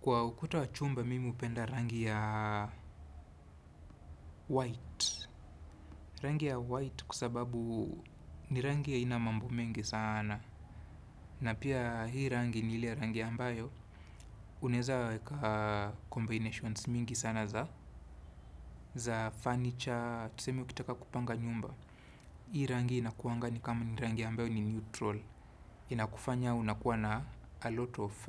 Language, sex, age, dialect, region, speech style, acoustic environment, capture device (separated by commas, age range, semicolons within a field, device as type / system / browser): Swahili, male, 25-34, Kenya - Nairobi Sheng-influenced, Kenya, focused, traffic, desktop / Windows / Chrome